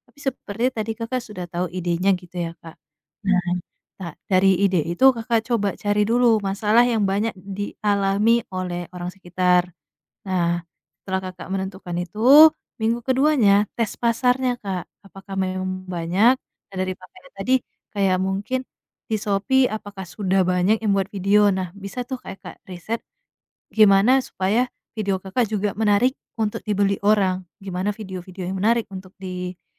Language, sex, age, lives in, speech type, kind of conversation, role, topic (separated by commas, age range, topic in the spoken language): Indonesian, female, 25-29, Indonesia, conversation, advice, advisor, Mengapa saya takut memulai usaha sampingan karena khawatir kehilangan uang?